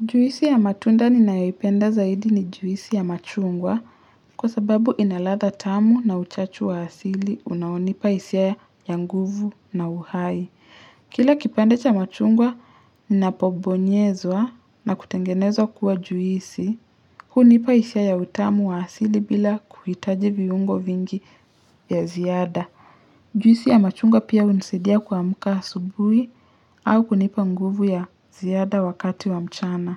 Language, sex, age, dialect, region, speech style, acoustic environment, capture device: Swahili, male, 25-34, Kenya - Nairobi Sheng-influenced, Kenya, relaxed, silence, mobile / Linux / Chrome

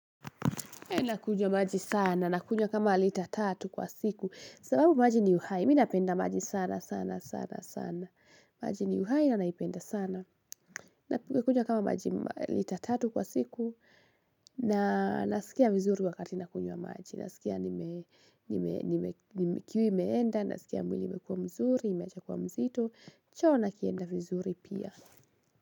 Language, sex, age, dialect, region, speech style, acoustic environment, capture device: Swahili, female, 18-24, Kenya - Kiambu, Kenya, happy, music, mobile / Linux / Chrome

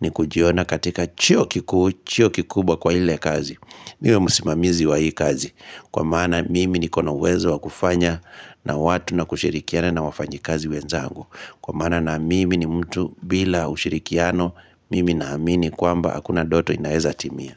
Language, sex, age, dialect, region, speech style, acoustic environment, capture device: Swahili, male, 25-34, Kenya - Kitui, Kenya, relaxed, nature, mobile / Linux / Chrome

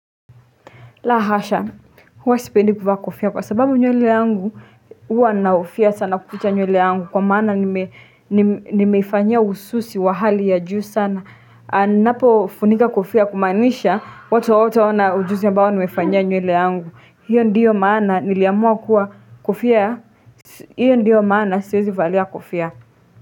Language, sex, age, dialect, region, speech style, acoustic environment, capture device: Swahili, female, 18-24, Kenya - Nairobi Sheng-influenced, Kenya, relaxed, nature, desktop / Linux / Chrome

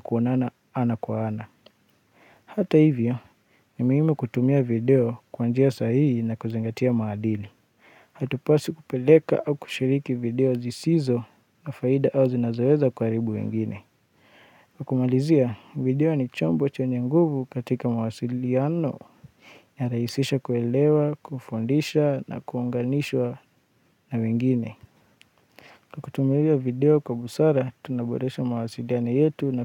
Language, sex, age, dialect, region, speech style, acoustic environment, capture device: Swahili, male, 25-34, Kenya - Nairobi Sheng-influenced, Kenya, focused, silence, mobile / Linux / Chrome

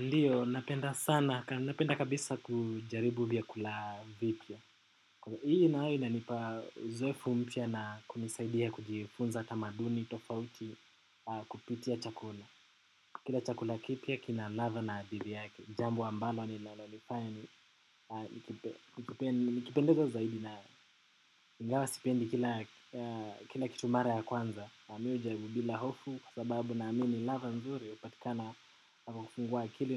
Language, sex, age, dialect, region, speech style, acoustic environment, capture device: Swahili, male, 18-24, Kenya - Nairobi Sheng-influenced, Kenya, focused; relaxed, silence, desktop / macOS / Chrome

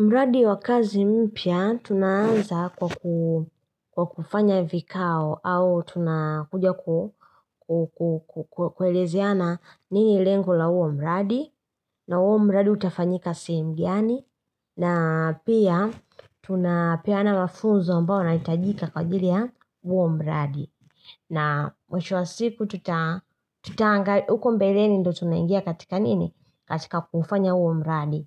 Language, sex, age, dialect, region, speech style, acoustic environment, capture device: Swahili, female, 25-34, Tanzania - Dar es Salaam, Tanzania, happy; relaxed; focused; excited, nature; silence, mobile / Linux / Chrome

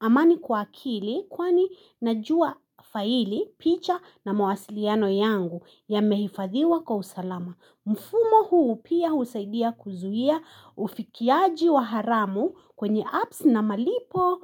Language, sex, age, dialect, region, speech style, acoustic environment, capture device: Swahili, female, 25-34, Kenya - Nairobi Sheng-influenced, Kenya, anxious; excited; nervous, people talking, mobile / Linux / Chrome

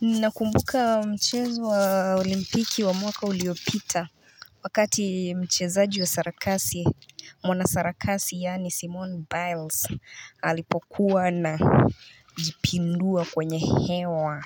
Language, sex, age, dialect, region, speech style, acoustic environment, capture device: Swahili, female, 25-34, Kenya - Nairobi Sheng-influenced, Kenya, relaxed, nature; traffic, mobile / Linux / Chrome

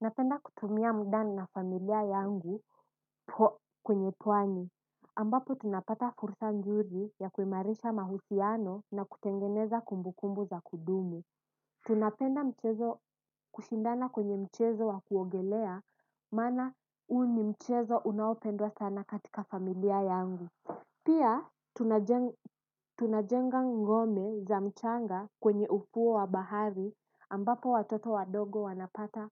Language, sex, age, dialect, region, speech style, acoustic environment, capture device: Swahili, female, 18-24, Kenya - Mombasa, Kenya, focused, nature, desktop / Windows / Edge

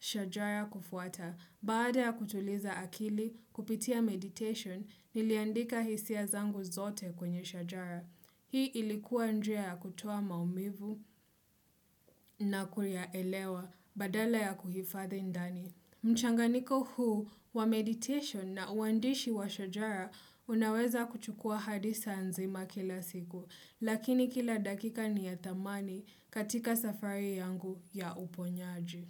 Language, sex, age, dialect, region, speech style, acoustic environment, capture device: Swahili, female, 25-34, Kenya - Mombasa, Kenya, happy; relaxed; focused, people talking; nature; silence; music; appliances, desktop / Windows / Chrome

